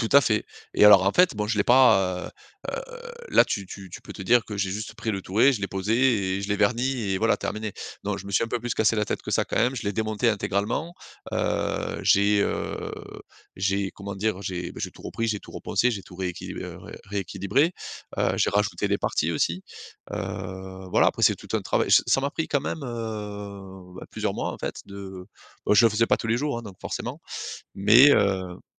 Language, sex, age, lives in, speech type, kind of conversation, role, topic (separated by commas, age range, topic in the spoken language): French, male, 35-39, France, conversation, podcast, guest, Quelles petites habitudes font grandir ta créativité au quotidien ?